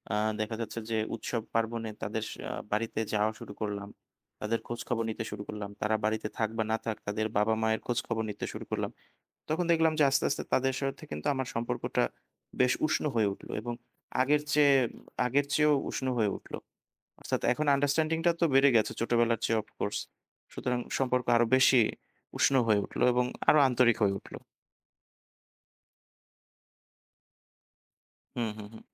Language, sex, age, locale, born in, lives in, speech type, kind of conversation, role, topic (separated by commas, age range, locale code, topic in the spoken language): Bengali, male, 25-29, bn-BD, Bangladesh, Bangladesh, conversation, unstructured, none, ভালবাসার সম্পর্ককে শক্তিশালী রাখতে আপনি কী করেন?